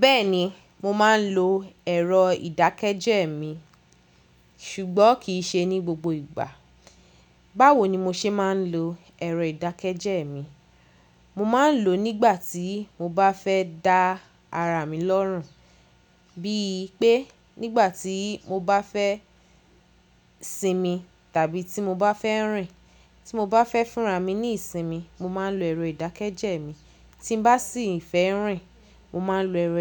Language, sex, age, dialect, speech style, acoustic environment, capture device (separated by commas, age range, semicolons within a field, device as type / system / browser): Yoruba, female, 25-34, Nigeria - Lagos Yoruba, happy; focused, people talking; nature, mobile / Linux / Chrome